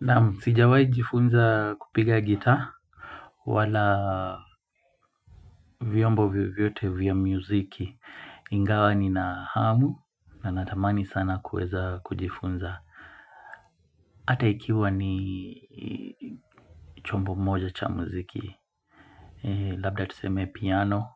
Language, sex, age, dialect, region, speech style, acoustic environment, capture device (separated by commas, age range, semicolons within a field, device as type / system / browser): Swahili, male, 25-34, Kenya - Mombasa, Kenya, relaxed, nature, mobile / Linux / Chrome